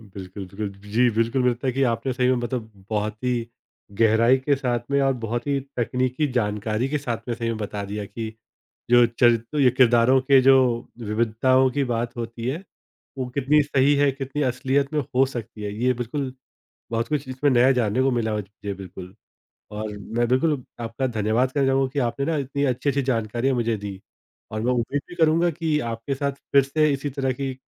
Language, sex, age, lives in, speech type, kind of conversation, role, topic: Hindi, male, 30-34, India, conversation, podcast, host, Kahaniyon mein pratinidhitva tumhare hisaab se kyun zaroori hota hai?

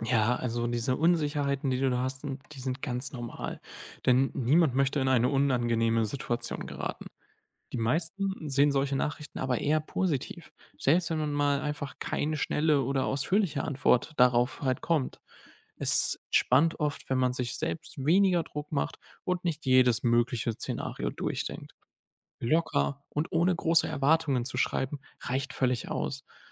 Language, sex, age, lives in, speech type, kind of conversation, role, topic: German, male, 20-24, Germany, read, expert-assistant, expert, Wie findet man in einer Großstadt wie Berlin Anschluss?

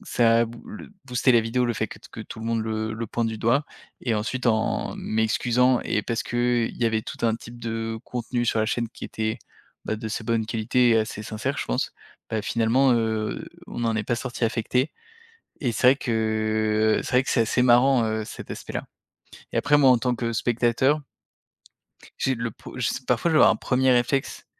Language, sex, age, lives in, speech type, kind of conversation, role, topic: French, male, 30-34, France, conversation, podcast, guest, Comment l’algorithme change-t-il notre façon de créer du contenu ?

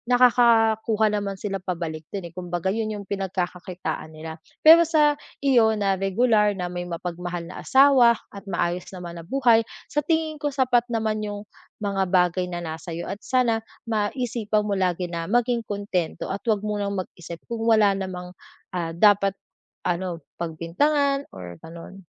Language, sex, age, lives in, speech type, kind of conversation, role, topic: Filipino, female, 25-29, Philippines, conversation, advice, advisor, Paano ko mapapatatag ang aking kumpiyansa sa sarili at emosyonal na katatagan sa aking relasyon?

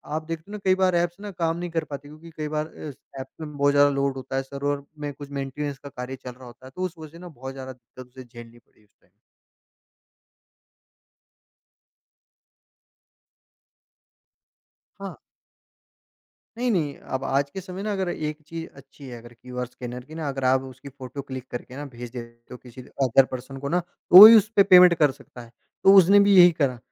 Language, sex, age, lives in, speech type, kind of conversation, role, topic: Hindi, male, 45-49, India, conversation, podcast, guest, आपके मुताबिक डिजिटल भुगतान के फायदे और परेशानियाँ क्या हैं?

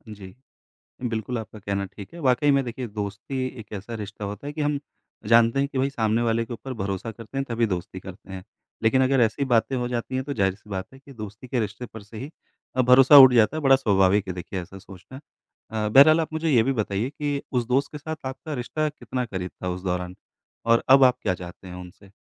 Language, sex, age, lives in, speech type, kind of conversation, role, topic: Hindi, male, 35-39, India, conversation, advice, advisor, जब मेरा दोस्त मेरी निजी बात किसी और को बता दे और मेरा भरोसा टूट जाए, तो मैं क्या करूँ?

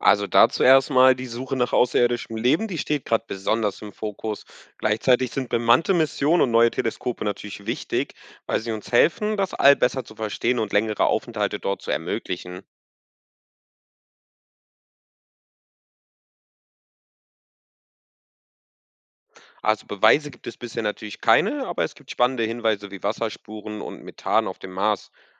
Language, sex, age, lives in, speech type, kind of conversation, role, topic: German, male, 20-24, Portugal, read, expert-assistant, expert, Wenn du entscheiden könntest, worauf sich die Weltraumforschung als Nächstes konzentrieren sollte – was wäre dir am wichtigsten und warum?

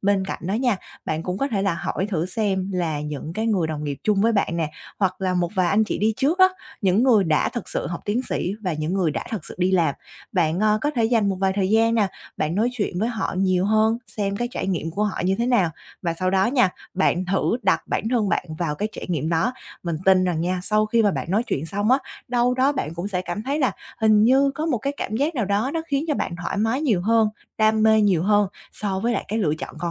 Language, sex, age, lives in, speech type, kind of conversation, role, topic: Vietnamese, female, 25-29, Vietnam, conversation, advice, advisor, Làm sao để bình tĩnh và tiếp tục tiến lên khi tôi lo lắng về tương lai không chắc chắn?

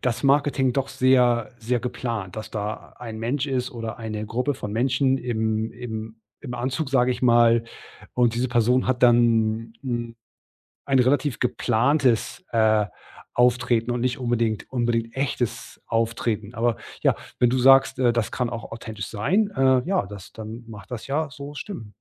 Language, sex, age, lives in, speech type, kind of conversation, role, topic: German, male, 40-44, Germany, conversation, podcast, host, Wie wichtig ist dir Authentizität bei Influencern?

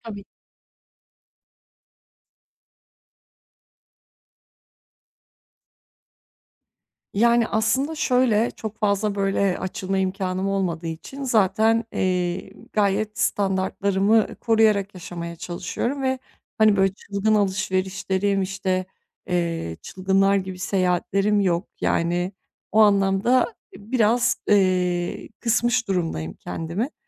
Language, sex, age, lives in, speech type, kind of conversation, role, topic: Turkish, female, 30-34, Bulgaria, conversation, advice, user, Bilinmezlikle karşılaştığımda karar verme sürecimi nasıl daha iyi yönetebilirim?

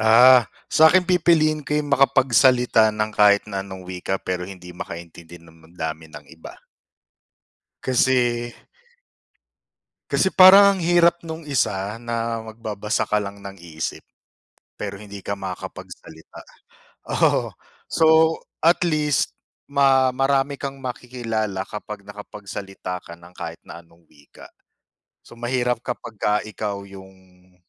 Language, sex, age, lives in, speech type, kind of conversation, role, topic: Filipino, male, 35-39, Philippines, conversation, unstructured, none, Mas pipiliin mo bang magkaroon ng kapangyarihang magbasa ng isip ngunit hindi makapagsalita, o makapagsalita ng anumang wika ngunit hindi makaintindi ng damdamin ng iba?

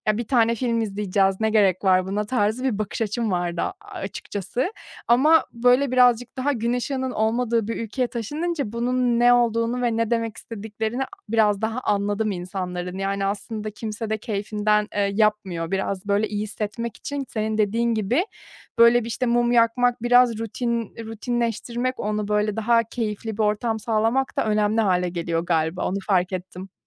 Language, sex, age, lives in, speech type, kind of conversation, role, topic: Turkish, female, 30-34, Germany, conversation, advice, user, Enerji düşüklüğü ve yorgunluk günlük yaşamımı etkiliyorsa ne yapmalıyım?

